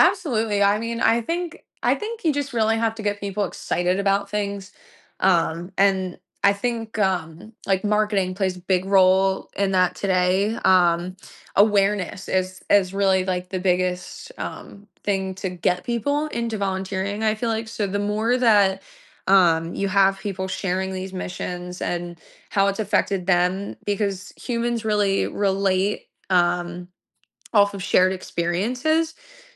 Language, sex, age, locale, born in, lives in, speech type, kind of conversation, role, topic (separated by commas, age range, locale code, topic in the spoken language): English, female, 25-29, en-US, United States, United States, conversation, podcast, guest, What motivates people to volunteer, and how does it shape their lives and communities?